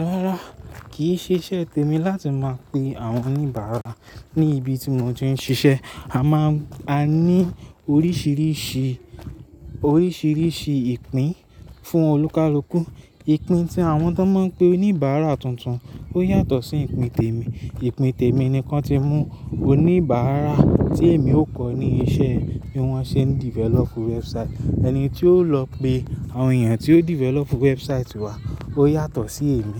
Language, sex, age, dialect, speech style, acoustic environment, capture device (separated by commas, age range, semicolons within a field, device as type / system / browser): Yoruba, male, 25-34, Nigeria - Lagos Yoruba, happy, traffic; people talking, mobile / Linux / Chrome